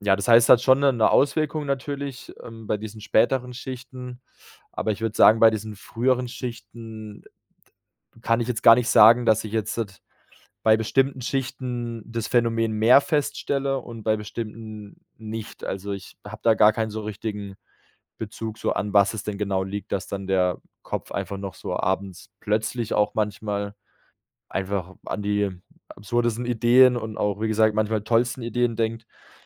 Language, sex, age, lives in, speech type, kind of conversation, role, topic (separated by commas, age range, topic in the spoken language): German, male, 25-29, Germany, conversation, advice, user, Welche grübelnden Gedanken halten dich nachts wach, sodass du nicht einschlafen oder loslassen kannst?